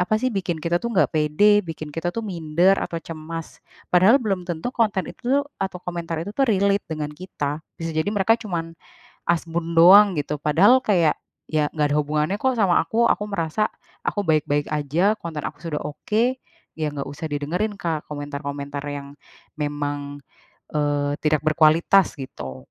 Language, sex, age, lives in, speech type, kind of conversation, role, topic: Indonesian, female, 30-34, Indonesia, conversation, advice, advisor, Bagaimana ketakutan akan penolakan atau kritik membuat Anda menghindari peluang?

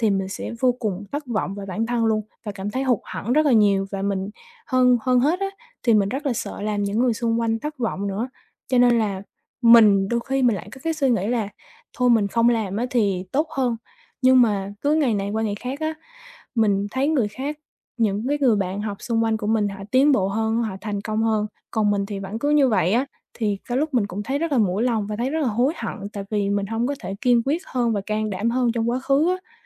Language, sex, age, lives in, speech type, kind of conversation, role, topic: Vietnamese, female, 20-24, Vietnam, conversation, advice, user, Nỗi sợ thất bại có đang khiến bạn chần chừ, không dám bắt đầu dự án mới không?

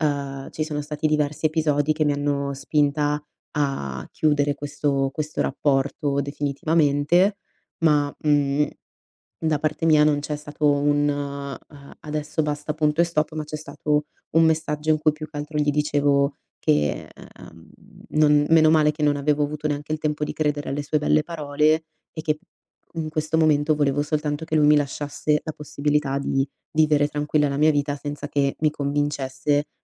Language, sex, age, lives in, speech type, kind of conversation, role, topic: Italian, female, 25-29, Italy, conversation, advice, user, Come posso trovare una chiusura anche senza un confronto finale?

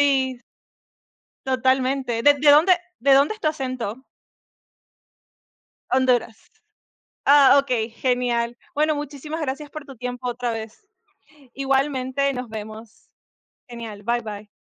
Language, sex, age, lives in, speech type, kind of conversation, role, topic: Spanish, female, 25-29, United States, conversation, unstructured, none, ¿Crees que los gobiernos deberían regular más la desinformación?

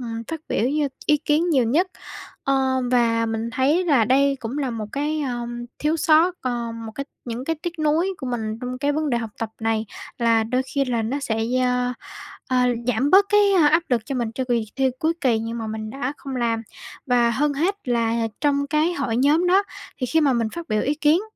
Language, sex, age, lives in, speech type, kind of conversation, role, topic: Vietnamese, female, 18-19, Vietnam, conversation, advice, user, Làm sao để bớt ngại lên tiếng trong cuộc họp hoặc lớp học?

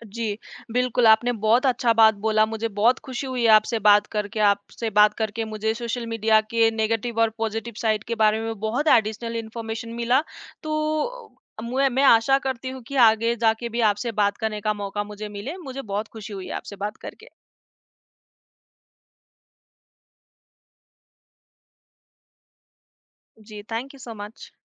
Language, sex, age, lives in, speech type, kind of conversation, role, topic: Hindi, female, 20-24, India, conversation, podcast, host, Social media se mental health par kya asar padta hai, tumhara kya nazariya hai?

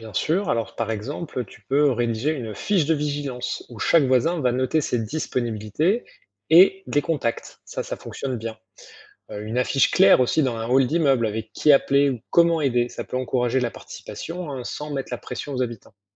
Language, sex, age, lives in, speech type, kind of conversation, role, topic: French, male, 45-49, France, read, expert-assistant, expert, Comment organiser un plan d’action pour faire face aux vagues de chaleur dans une grande ville ?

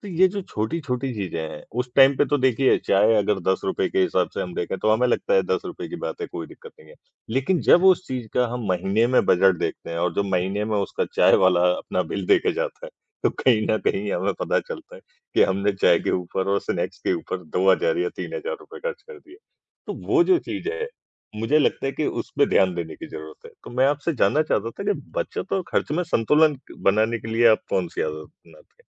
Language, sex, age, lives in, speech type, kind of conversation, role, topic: Hindi, male, 55-59, India, conversation, unstructured, none, पैसे की बचत और खर्च के बीच संतुलन कैसे बनाएं?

